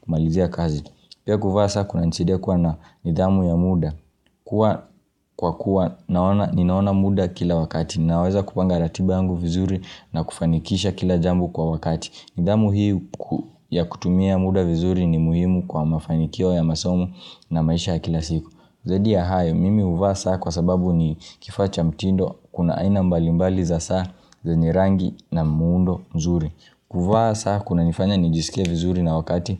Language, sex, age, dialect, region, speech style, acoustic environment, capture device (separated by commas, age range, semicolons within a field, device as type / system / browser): Swahili, male, 18-24, Kenya - Nairobi Sheng-influenced, Kenya, happy, silence, mobile / Linux / Chrome